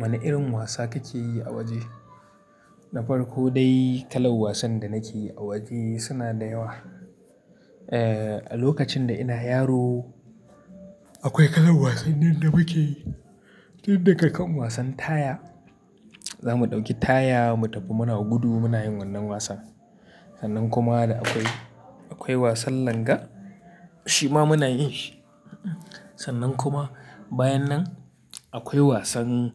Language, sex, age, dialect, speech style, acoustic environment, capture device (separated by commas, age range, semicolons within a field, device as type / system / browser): Hausa, male, 25-34, Nigeria - Kano, happy; relaxed, appliances; people talking; nature, mobile / Linux / Chrome